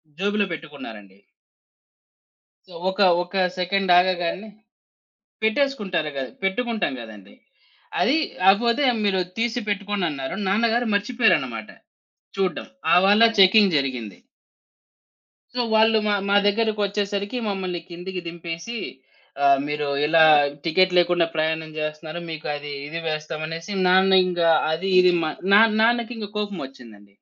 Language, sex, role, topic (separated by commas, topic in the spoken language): Telugu, male, guest, సమస్య వచ్చినప్పుడు దాన్ని శాంతంగా ఎలా చెప్పగలము?